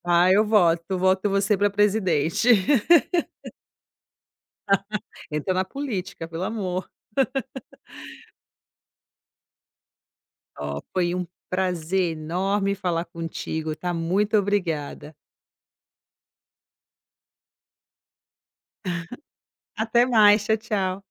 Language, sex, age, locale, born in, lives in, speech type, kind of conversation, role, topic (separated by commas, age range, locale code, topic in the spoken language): Portuguese, female, 35-39, pt-BR, Brazil, Italy, conversation, podcast, host, Como liderar sem ter autoridade formal?